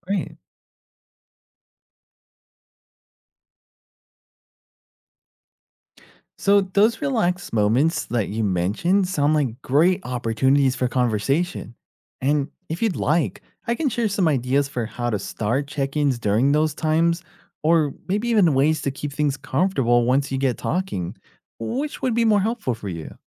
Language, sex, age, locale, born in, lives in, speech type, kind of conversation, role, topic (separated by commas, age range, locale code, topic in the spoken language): English, male, 30-34, en-US, United States, United States, read, expert-assistant, expert, How can emotional intelligence improve family relationships?